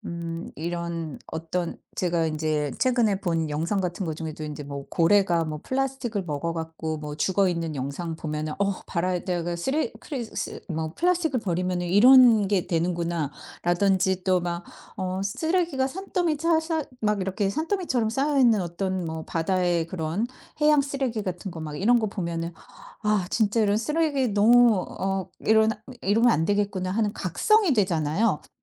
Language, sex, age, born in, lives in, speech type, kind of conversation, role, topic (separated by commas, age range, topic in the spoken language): Korean, female, 50-54, South Korea, United States, conversation, podcast, guest, 트레일에서 생기는 쓰레기 문제는 어떻게 해결할 수 있나요?